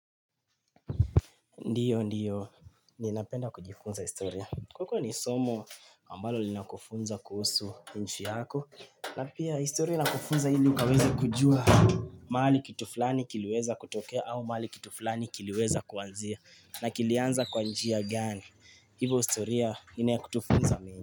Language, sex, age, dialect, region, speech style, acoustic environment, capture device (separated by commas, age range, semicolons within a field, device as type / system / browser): Swahili, male, 18-24, Kenya - Nairobi Sheng-influenced, Kenya, relaxed, appliances, mobile / Linux / Chrome